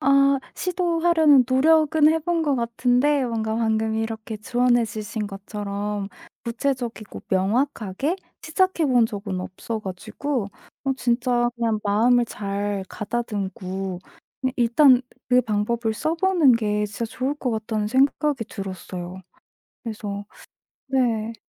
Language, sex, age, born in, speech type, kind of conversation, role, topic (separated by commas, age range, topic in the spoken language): Korean, female, 25-29, South Korea, conversation, advice, user, 상대에게 솔직한 불만을 전해야 할 때는 어떻게 말하는 게 좋을까요?